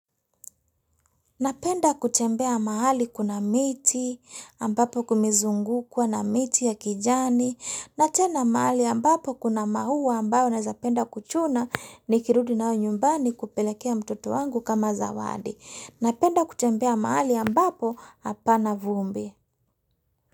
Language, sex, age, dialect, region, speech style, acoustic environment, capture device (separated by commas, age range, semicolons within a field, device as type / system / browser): Swahili, female, 35-44, Kenya - Mombasa, Kenya, relaxed, silence, mobile / Linux / Chrome